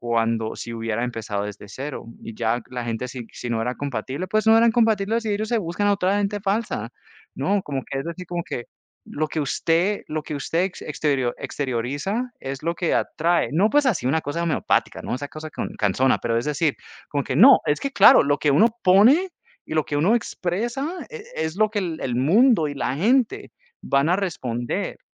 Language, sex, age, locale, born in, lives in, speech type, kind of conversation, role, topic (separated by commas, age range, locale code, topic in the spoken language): Spanish, male, 30-34, es-CO, United States, United States, conversation, unstructured, none, ¿Qué haces para sentirte mejor cuando estás triste?